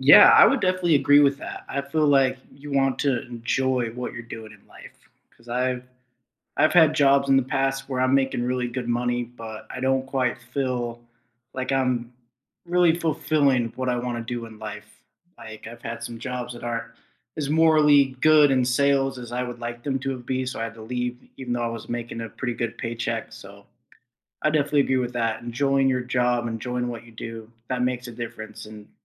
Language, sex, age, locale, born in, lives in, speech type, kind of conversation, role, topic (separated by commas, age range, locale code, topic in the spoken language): English, male, 20-24, en-US, United States, United States, conversation, unstructured, none, How do you balance pay, prices, and what feels fair at work?